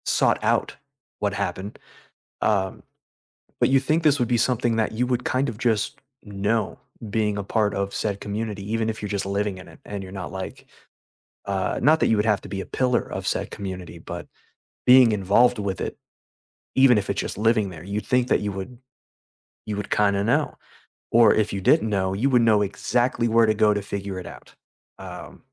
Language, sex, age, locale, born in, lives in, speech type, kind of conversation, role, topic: English, male, 30-34, en-US, United States, United States, conversation, unstructured, none, How do you keep up with what’s happening in your local government, and how does it affect your everyday community life?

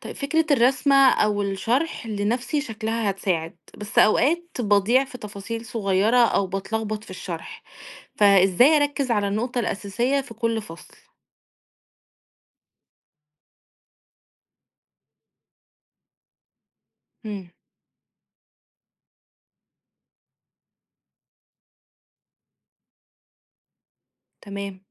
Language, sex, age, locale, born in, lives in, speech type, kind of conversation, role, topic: Arabic, female, 30-34, ar-EG, Egypt, Egypt, read, expert-assistant, asker, لو عايز أركز أكتر وأفهم مفاهيم معقدة زي الثقوب السودا أو المادة المظلمة، إيه النصايح العملية للمذاكرة أو البحث؟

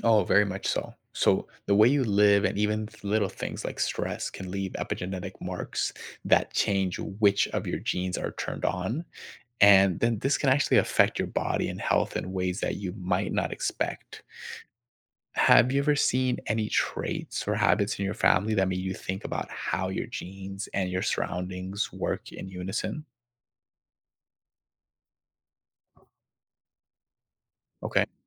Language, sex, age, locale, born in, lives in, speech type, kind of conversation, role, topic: English, male, 25-29, en-US, United States, United States, read, expert-assistant, expert, What is DNA and how does it determine our traits?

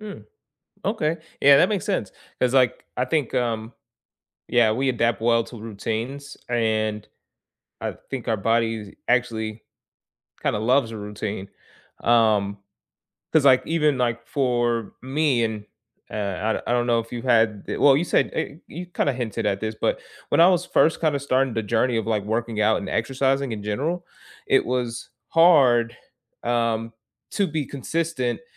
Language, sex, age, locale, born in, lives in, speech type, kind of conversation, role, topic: English, male, 25-29, en-US, United States, United States, conversation, unstructured, none, How do you balance rest, nutrition, and exercise in your day-to-day life?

